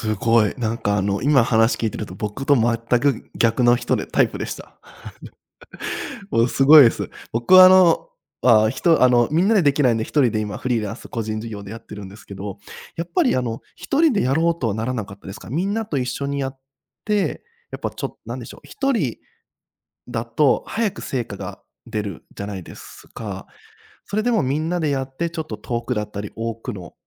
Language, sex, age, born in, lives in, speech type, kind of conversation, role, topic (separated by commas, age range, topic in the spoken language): Japanese, male, 30-34, Japan, Japan, conversation, podcast, host, どの出会いが今の自分をつくったと思いますか？